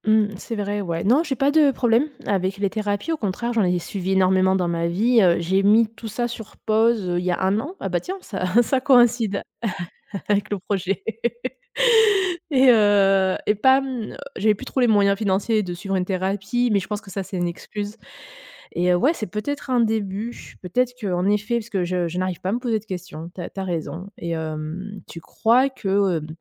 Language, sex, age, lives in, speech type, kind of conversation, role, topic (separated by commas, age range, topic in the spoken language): French, female, 35-39, Germany, conversation, advice, user, Incapacité à commencer un projet artistique important